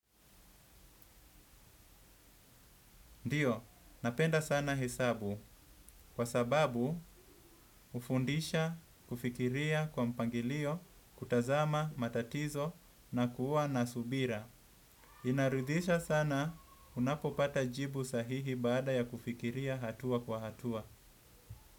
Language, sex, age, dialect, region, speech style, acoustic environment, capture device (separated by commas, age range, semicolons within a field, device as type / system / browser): Swahili, male, 25-34, Kenya - Mombasa, Kenya, happy; anxious; relaxed; excited; focused, nature; appliances; traffic, desktop / Windows / Edge